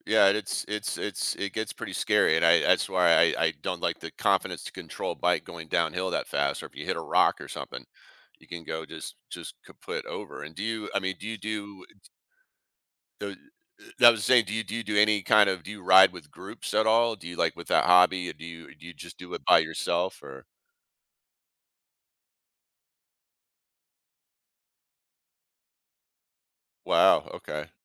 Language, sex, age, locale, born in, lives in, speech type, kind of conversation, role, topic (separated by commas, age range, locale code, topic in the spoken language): English, male, 55-59, en-US, United States, United States, conversation, podcast, host, What memorable experience have you had related to your hobby?